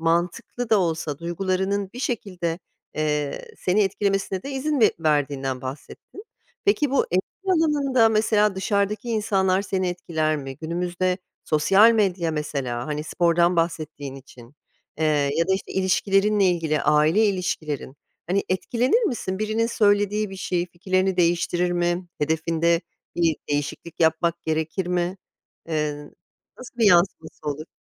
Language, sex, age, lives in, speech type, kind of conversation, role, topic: Turkish, female, 45-49, United States, conversation, podcast, host, Motivasyonun düştüğünde disiplinini nasıl yeniden toplarsın?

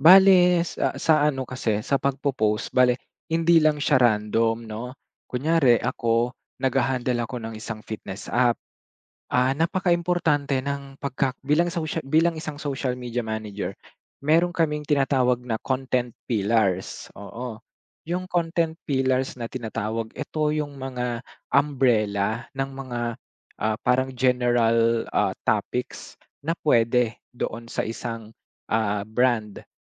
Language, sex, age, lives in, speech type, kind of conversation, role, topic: Filipino, male, 25-29, Philippines, conversation, podcast, guest, Ano ang papel ng midyang panlipunan sa pagpapalaganap ng mga likha mo?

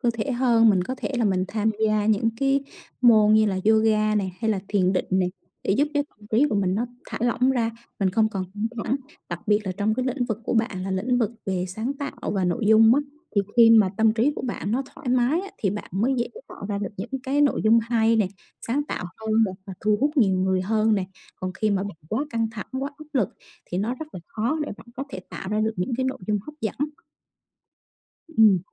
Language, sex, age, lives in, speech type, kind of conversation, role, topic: Vietnamese, female, 35-39, Vietnam, conversation, advice, advisor, Làm sao để bớt nản lòng sau vài lần thất bại khi luyện tập sáng tạo?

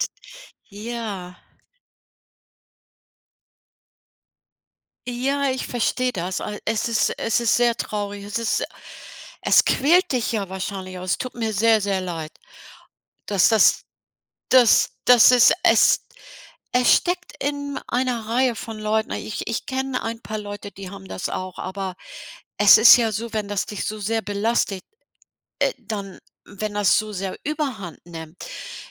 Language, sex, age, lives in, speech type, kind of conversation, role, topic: German, female, 65-69, United States, conversation, advice, advisor, Wie gehe ich mit Eifersucht wegen eines Ex-Partners oder wegen Freundschaften um?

